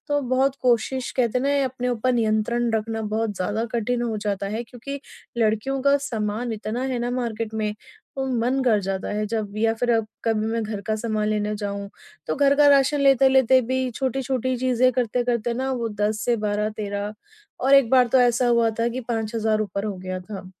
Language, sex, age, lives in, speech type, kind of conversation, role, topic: Hindi, female, 30-34, India, conversation, advice, user, बजट में अच्छा खरीदना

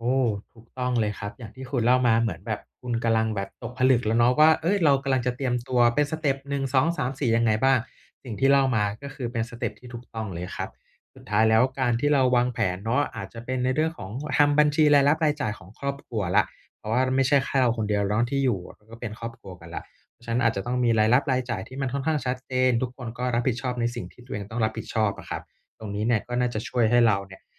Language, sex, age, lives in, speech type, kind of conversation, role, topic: Thai, male, 30-34, Thailand, conversation, advice, advisor, จะคุยเรื่องงบประมาณกับคู่ชีวิตอย่างไรให้เข้าใจกัน?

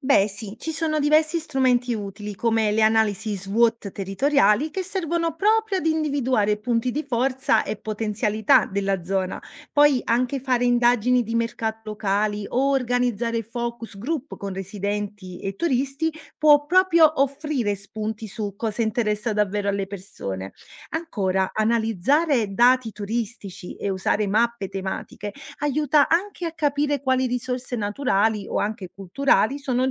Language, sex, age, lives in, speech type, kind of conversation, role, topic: Italian, female, 30-34, Italy, read, expert-assistant, expert, Come si può valorizzare il territorio per lo sviluppo locale?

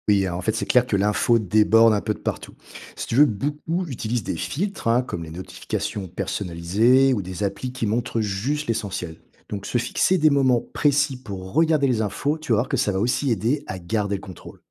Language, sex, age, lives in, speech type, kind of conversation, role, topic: French, male, 45-49, France, read, expert-assistant, expert, Comment tu t’organises pour ne pas te perdre face à toutes les infos qui circulent sur les réseaux sociaux et à la télé ?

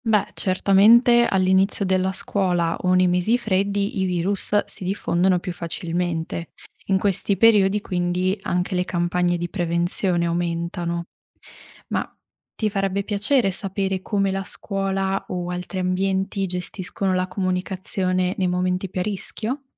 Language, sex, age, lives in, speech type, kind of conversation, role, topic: Italian, female, 25-29, Italy, read, expert-assistant, expert, Cosa significa, secondo te, essere responsabili verso la salute degli altri nella vita di tutti i giorni? È sempre facile?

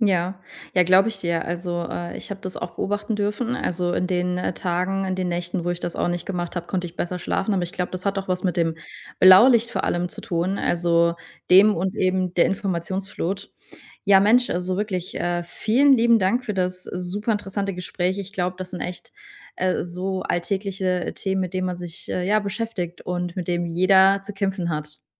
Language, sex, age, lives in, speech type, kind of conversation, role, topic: German, female, 30-34, Germany, conversation, podcast, host, Was hilft dir abends wirklich abzuschalten, statt weiterzuscrollen?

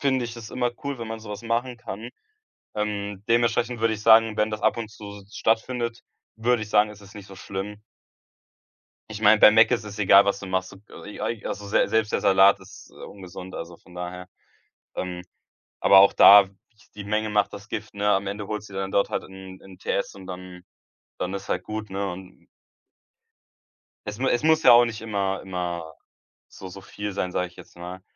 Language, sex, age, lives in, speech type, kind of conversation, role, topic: German, male, 18-19, Germany, conversation, advice, advisor, Welche Schwierigkeiten hast du, wenn du mit Kolleg:innen essen gehst und dabei gesunde Entscheidungen treffen möchtest?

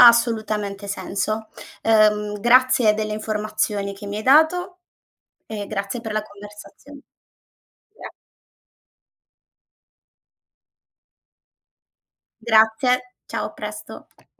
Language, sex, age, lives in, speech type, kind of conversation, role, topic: Italian, female, 30-34, Italy, read, expert-assistant, asker, Come possiamo riconoscere una notizia affidabile online?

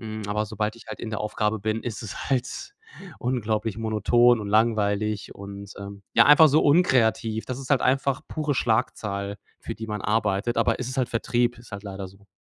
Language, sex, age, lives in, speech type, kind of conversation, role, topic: German, male, 30-34, Germany, conversation, advice, user, Wie finde ich mehr Motivation trotz monotoner Arbeit?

